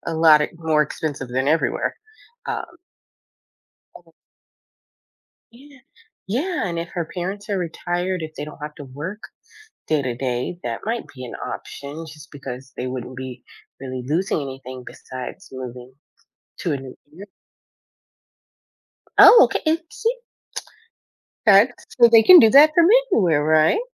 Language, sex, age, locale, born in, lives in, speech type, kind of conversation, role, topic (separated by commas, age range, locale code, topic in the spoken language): English, female, 35-39, en-US, United States, United States, conversation, advice, advisor, How can I decide whether to move to a new city?